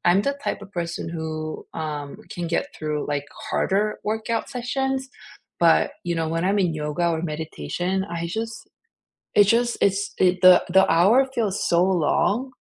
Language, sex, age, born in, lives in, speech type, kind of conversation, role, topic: English, female, 40-44, South Korea, United States, conversation, unstructured, none, What is one small wellness goal you can try this week to feel more connected?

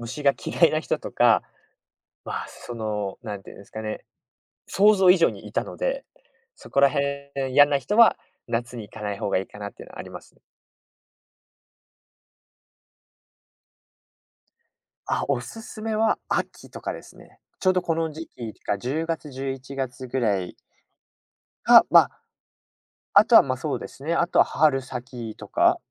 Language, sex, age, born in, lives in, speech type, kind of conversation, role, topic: Japanese, male, 20-24, United States, Japan, conversation, podcast, guest, 最近夢中になっている趣味は何ですか？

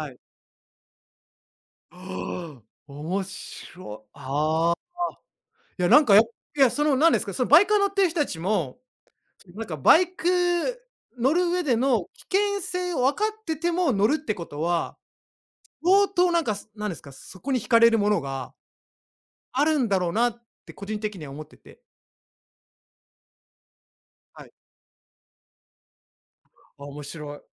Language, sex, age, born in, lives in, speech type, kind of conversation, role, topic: Japanese, male, 35-39, Japan, Japan, conversation, unstructured, none, 自分が変わったと思うのは、どんな瞬間ですか？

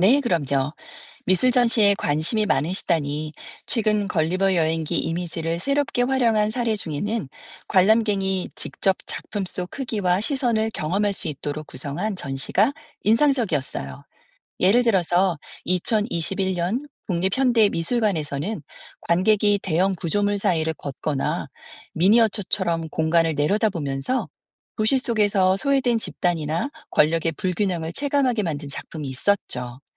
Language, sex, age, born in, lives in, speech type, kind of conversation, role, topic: Korean, female, 50-54, South Korea, United States, read, expert-assistant, expert, 걸리버 여행기는 정말 풍자 소설인가요?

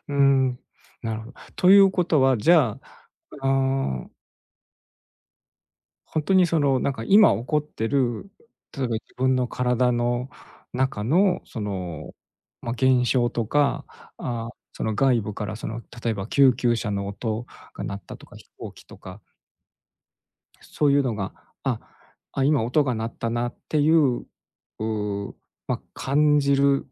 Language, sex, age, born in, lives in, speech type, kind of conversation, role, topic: Japanese, male, 45-49, Japan, Japan, conversation, advice, user, 毎日の瞑想を習慣にして気持ちを落ち着けたい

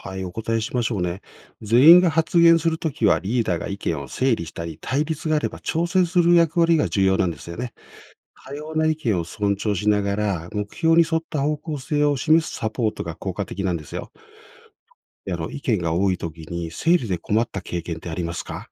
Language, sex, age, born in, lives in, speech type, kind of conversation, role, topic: Japanese, male, 55-59, Japan, Japan, read, expert-assistant, expert, リーダーとメンバーのバランス、どう取ればうまくいくのでしょうか？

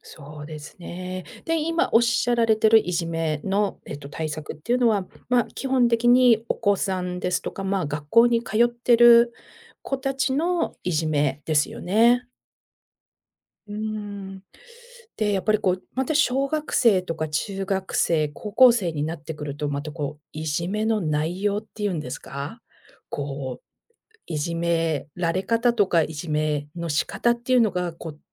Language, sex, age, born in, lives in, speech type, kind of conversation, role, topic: Japanese, female, 50-54, Japan, United States, conversation, podcast, host, いじめ対策で効果的だと思うことは何ですか？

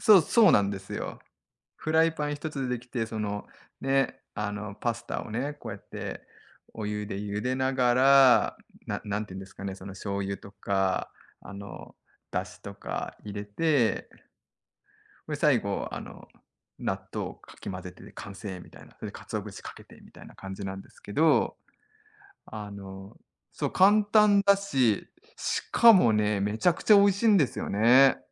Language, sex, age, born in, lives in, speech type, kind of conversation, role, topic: Japanese, male, 40-44, Japan, Japan, conversation, podcast, guest, 料理をするとき、特に大切にしている習慣は何ですか？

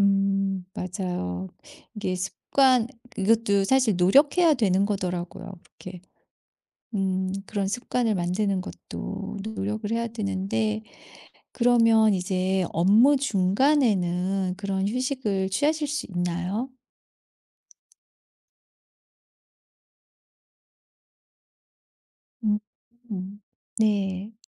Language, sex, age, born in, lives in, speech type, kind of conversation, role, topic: Korean, female, 50-54, South Korea, United States, conversation, podcast, host, 휴식할 때 죄책감이 들면 어떻게 극복하시나요?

